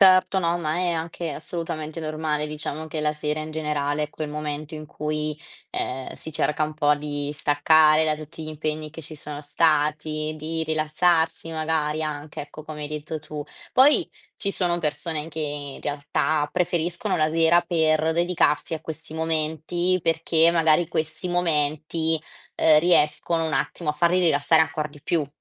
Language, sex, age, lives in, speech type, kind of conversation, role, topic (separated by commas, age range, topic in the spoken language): Italian, female, 20-24, Italy, conversation, advice, advisor, Come posso mantenere costanza nella meditazione ogni giorno?